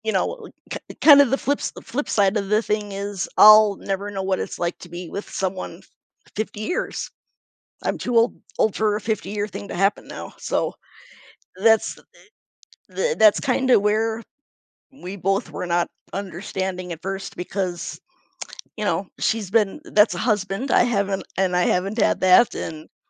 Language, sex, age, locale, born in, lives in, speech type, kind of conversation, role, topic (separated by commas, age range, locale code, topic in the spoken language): English, female, 45-49, en-US, United States, United States, conversation, advice, user, How can I reflect on my growth this year?